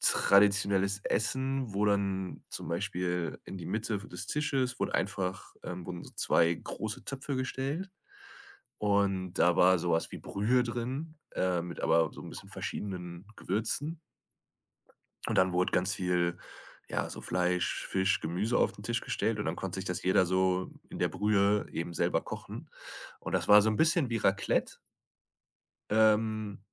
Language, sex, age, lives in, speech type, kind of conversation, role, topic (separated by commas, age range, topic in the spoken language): German, male, 25-29, Germany, conversation, podcast, guest, Wie entdeckst du Orte abseits der Touristenpfade?